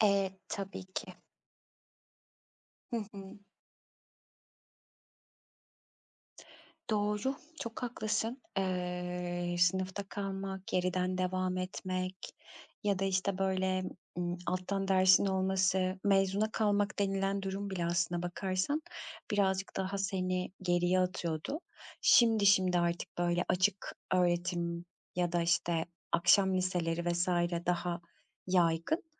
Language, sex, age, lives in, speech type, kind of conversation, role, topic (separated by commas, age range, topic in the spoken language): Turkish, female, 35-39, Greece, conversation, podcast, host, Öğrencilerin motivasyonunu artırmak için neler yapılmalı?